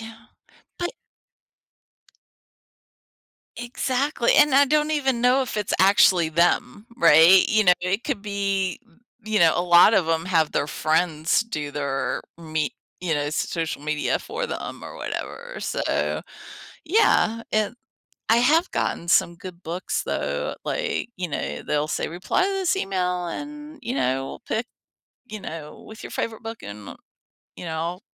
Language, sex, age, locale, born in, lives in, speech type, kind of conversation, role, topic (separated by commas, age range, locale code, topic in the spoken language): English, female, 50-54, en-US, United States, United States, conversation, podcast, host, How does our use of social media shape the way we connect with friends offline?